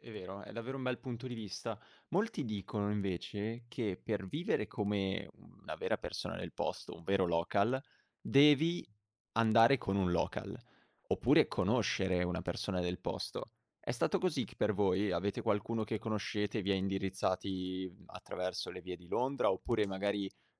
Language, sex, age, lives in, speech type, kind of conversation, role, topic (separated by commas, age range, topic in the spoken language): Italian, male, 18-19, Italy, conversation, podcast, host, Qual è un viaggio che ricordi ancora con piacere e perché?